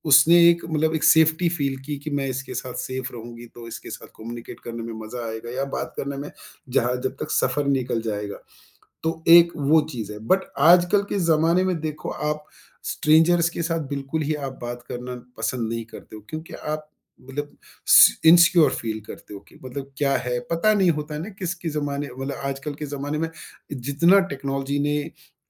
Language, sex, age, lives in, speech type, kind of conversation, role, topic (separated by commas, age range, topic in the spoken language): Hindi, male, 50-54, India, conversation, podcast, guest, Kya aapko kisi anjaan insaan se hui koi aisi baat-cheet yaad hai jo aaj tak dimaag mein basi hui hai?